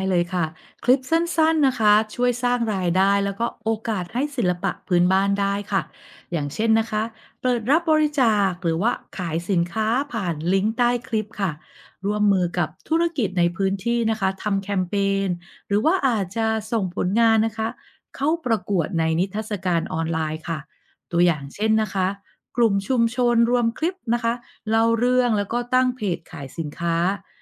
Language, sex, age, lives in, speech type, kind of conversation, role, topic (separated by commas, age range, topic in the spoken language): Thai, female, 45-49, Thailand, read, expert-assistant, expert, เราจะนำนวัตกรรมหรือเทคโนโลยีใหม่ๆ มาใช้ต่อยอดศิลปะพื้นบ้านแบบใดได้บ้าง?